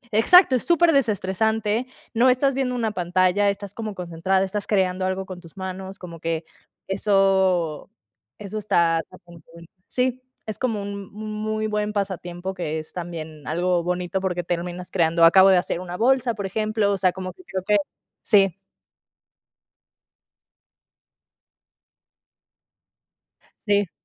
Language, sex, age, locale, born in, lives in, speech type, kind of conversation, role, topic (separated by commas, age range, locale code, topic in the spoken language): Spanish, female, 30-34, es-MX, Mexico, Mexico, conversation, unstructured, none, ¿Qué hábito pequeño te ha cambiado la vida?